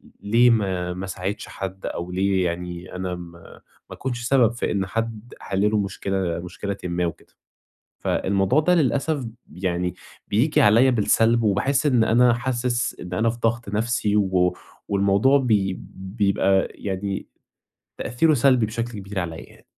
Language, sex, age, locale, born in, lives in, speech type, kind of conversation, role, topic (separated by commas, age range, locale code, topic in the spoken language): Arabic, male, 20-24, ar-EG, Egypt, Egypt, conversation, advice, user, إزاي أحط حدود صحية في الشغل والحياة من غير ما أحس بالذنب؟